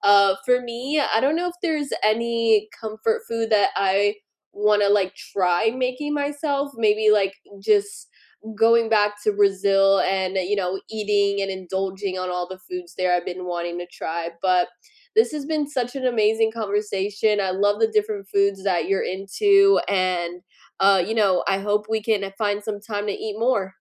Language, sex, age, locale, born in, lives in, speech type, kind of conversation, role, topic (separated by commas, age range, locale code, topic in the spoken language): English, female, 25-29, en-US, United States, United States, conversation, unstructured, none, What comfort food always hits the spot for you?